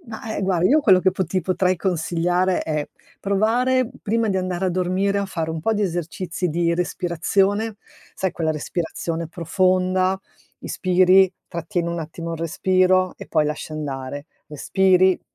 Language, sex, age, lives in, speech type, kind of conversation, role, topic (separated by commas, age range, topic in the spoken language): Italian, female, 55-59, Italy, conversation, advice, advisor, Perché mi sento sempre stanco anche se dormo molte ore?